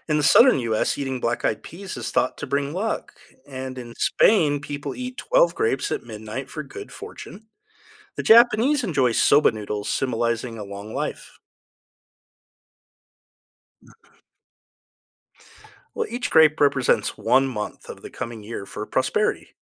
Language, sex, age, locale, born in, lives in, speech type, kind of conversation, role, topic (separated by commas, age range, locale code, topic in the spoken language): English, male, 50-54, en-US, United States, United States, read, expert-assistant, expert, How do different cultures celebrate the New Year?